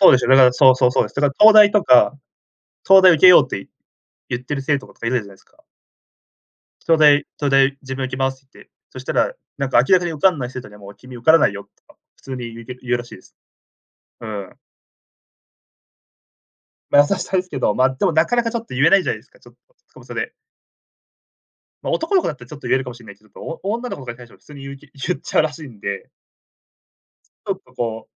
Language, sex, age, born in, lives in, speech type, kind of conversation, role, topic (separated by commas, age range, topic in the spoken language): Japanese, male, 20-24, Japan, Japan, conversation, podcast, guest, 学生時代に一番影響を受けた先生は誰ですか？